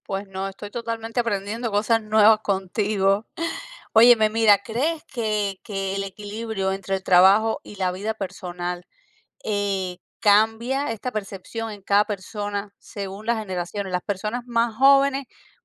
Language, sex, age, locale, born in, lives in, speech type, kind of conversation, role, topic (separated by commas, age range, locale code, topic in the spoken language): Spanish, female, 40-44, es-CU, Cuba, United States, conversation, podcast, host, ¿Cómo encuentras un equilibrio entre el trabajo y la vida personal?